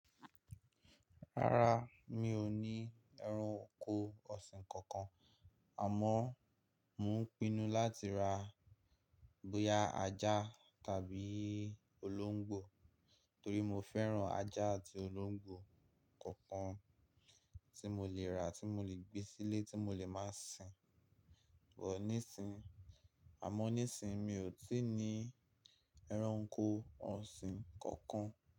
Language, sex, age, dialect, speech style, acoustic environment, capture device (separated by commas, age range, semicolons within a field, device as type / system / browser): Yoruba, male, 18-24, Nigeria - Nigerian Standard, happy; excited, silence, mobile / Linux / Chrome